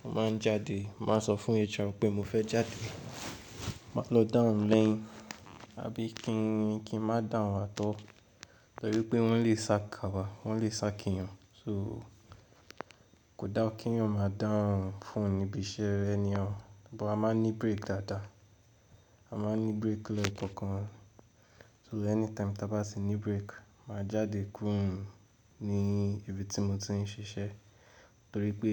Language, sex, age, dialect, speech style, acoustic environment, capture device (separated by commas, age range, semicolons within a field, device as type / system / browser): Yoruba, male, 18-24, Nigeria - Ibadan, relaxed, nature, mobile / Linux / Chrome